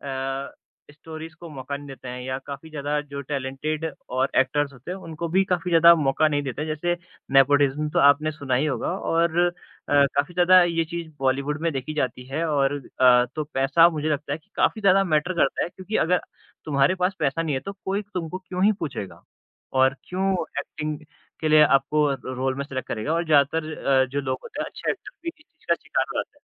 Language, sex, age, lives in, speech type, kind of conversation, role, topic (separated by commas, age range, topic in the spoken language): Hindi, male, 20-24, India, conversation, unstructured, none, क्या बॉलीवुड में सबसे ज़्यादा महत्व सिर्फ़ पैसे का ही है?